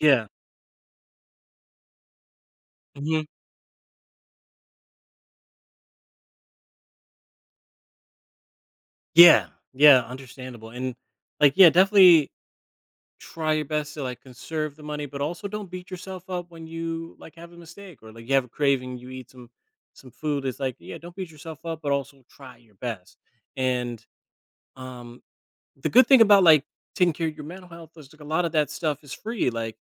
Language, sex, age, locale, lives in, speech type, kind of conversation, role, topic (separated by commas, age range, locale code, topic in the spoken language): English, male, 35-39, en-US, United States, conversation, advice, advisor, How can I reduce financial stress?